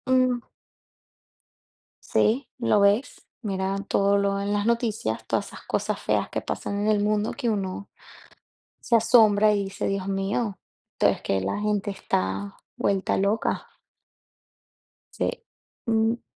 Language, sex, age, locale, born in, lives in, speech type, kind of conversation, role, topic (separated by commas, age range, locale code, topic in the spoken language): Spanish, female, 30-34, es-VE, Venezuela, United States, conversation, unstructured, none, ¿Crees que hoy en día se exagera la ansiedad?